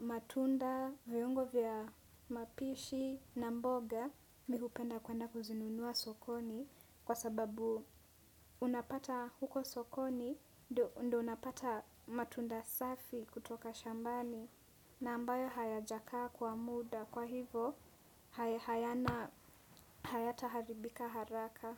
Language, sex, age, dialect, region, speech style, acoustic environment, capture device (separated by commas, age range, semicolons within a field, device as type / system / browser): Swahili, female, 18-24, Kenya - Nairobi Sheng-influenced, Kenya, relaxed; focused, traffic; nature, desktop / Windows / Chrome